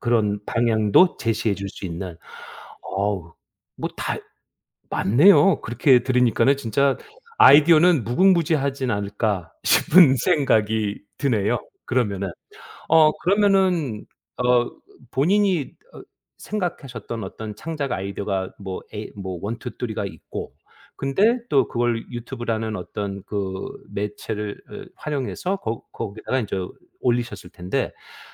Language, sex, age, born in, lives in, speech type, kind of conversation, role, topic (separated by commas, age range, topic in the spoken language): Korean, male, 50-54, South Korea, United States, conversation, podcast, host, 창작 아이디어는 보통 어디서 얻으시나요?